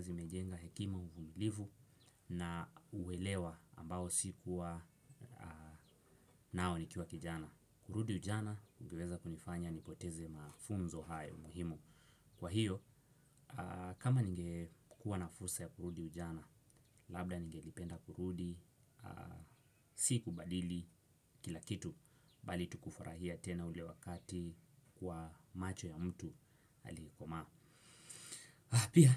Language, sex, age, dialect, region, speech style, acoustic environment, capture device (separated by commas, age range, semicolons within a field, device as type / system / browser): Swahili, male, 18-24, Kenya - Mombasa, Kenya, excited; focused, nature, desktop / Windows / Chrome